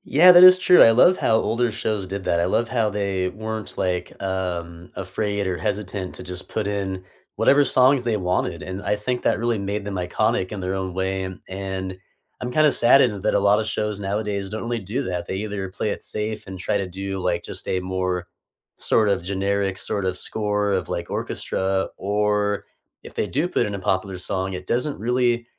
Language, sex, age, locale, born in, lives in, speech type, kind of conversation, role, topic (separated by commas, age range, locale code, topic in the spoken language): English, male, 30-34, en-US, United States, United States, conversation, unstructured, none, Which iconic movie soundtracks still give you chills?